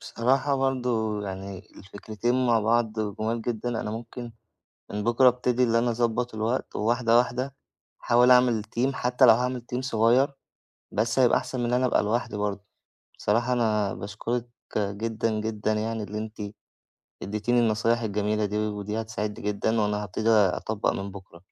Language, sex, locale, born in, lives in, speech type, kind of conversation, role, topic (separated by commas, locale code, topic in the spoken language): Arabic, male, ar-EG, Egypt, Egypt, conversation, advice, user, إزاي أوازن بين شغلي وحياتي الشخصية كرائد أعمال؟